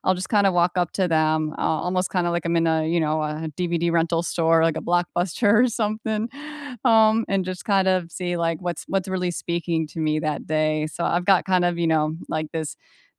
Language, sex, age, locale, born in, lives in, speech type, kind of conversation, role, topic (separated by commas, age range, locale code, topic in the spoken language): English, female, 40-44, en-US, United States, United States, conversation, unstructured, none, What does your ideal lazy Sunday look like, and what makes it perfect?